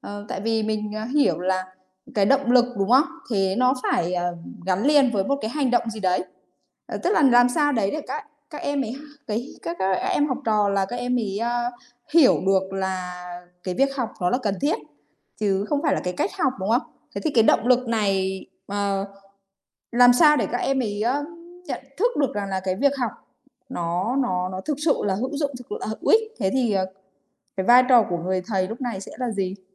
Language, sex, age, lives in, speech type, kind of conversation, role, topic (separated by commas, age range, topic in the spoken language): Vietnamese, female, 30-34, Vietnam, conversation, podcast, host, Bạn có bí quyết nào để duy trì động lực học tập lâu dài không?